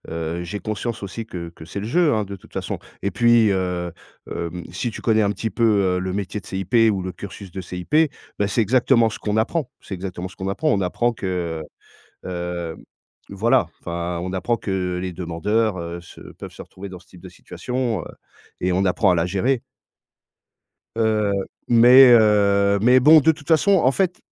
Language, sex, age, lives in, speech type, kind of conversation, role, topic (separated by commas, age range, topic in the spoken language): French, male, 40-44, France, conversation, advice, user, Comment gérer l’absence de nouvelles après un rendez-vous important ?